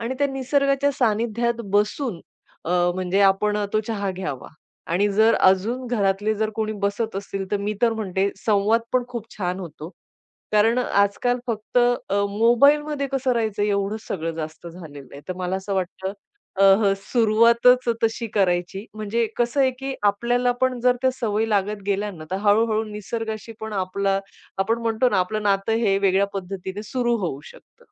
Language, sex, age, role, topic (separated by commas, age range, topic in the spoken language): Marathi, female, 40-44, guest, साध्या दैनंदिन आयुष्यात निसर्गाला तुम्ही कसे सामावून घ्याल?